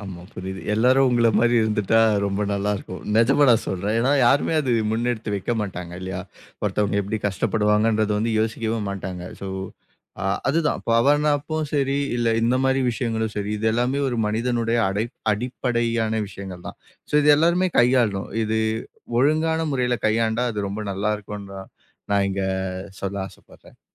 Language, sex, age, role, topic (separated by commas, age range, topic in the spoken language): Tamil, male, 25-29, guest, சிறிது நேரத் தூக்கம் (நாப்) எடுப்பது குறித்து உங்கள் அனுபவம் என்ன?